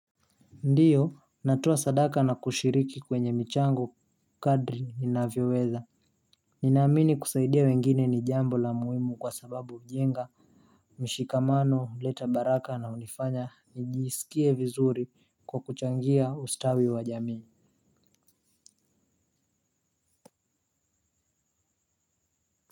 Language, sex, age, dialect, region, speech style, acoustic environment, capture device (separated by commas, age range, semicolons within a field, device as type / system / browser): Swahili, male, 18-24, Kenya - Mombasa, Kenya, happy, nature, mobile / Linux / Chrome